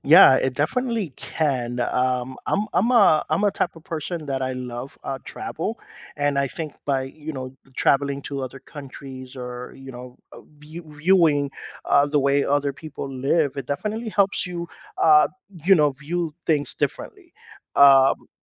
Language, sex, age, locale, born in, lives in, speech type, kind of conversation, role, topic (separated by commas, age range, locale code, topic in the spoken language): English, male, 45-49, en-US, United States, United States, conversation, podcast, guest, In what ways can exploring different cultures shape our understanding of the world?